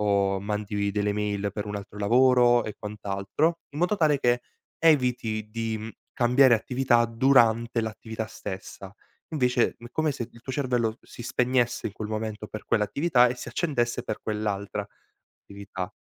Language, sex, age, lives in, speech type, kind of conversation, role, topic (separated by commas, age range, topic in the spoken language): Italian, male, 18-19, Italy, conversation, advice, advisor, Come possiamo ridurre l’impatto del multitasking sulla qualità e sull’efficacia del mio lavoro?